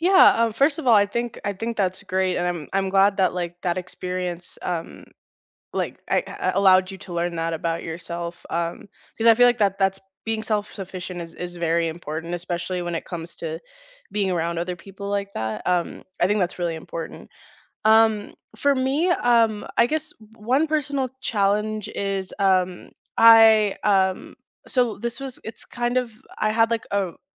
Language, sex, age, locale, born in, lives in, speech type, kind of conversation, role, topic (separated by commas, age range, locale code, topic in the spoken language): English, female, 25-29, en-US, United States, United States, conversation, unstructured, none, Can you share a recent personal challenge you faced and what it taught you about yourself?